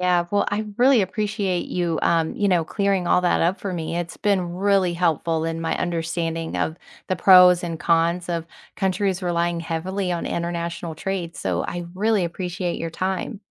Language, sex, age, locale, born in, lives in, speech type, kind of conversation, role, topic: English, female, 40-44, en-US, United States, United States, read, expert-assistant, asker, What are the pros and cons for a country that relies heavily on international trade?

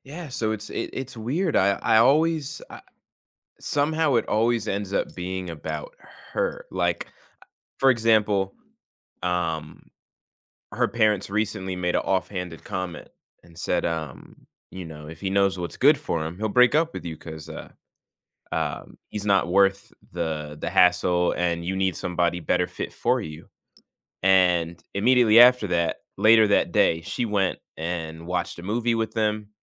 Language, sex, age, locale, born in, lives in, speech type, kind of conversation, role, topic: English, male, 20-24, en-US, United States, United States, conversation, advice, user, How can I talk through a recent disagreement with my partner and reach an understanding?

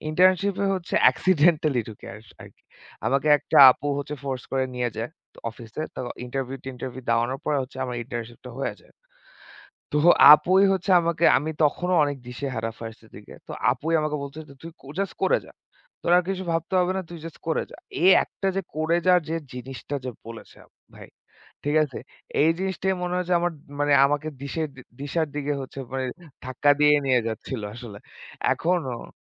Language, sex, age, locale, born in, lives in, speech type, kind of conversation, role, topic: Bengali, male, 25-29, bn-BD, Bangladesh, Bangladesh, conversation, unstructured, none, জীবনে লক্ষ্য রাখা কেন গুরুত্বপূর্ণ?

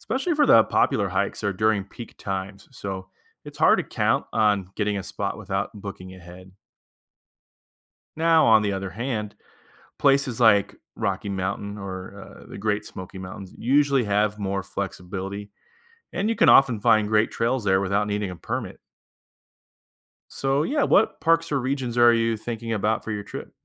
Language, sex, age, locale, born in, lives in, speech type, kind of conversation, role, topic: English, male, 30-34, en-US, United States, United States, read, expert-assistant, expert, How can a road trip cover top natural landforms?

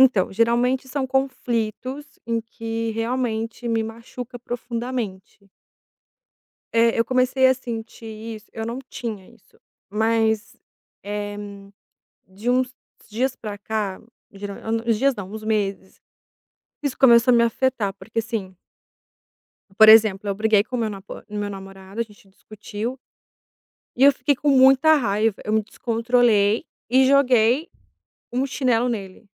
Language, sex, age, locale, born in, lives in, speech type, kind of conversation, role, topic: Portuguese, female, 30-34, pt-BR, Brazil, Italy, conversation, advice, user, O que posso fazer para recuperar a calma depois de episódios de ansiedade intensa?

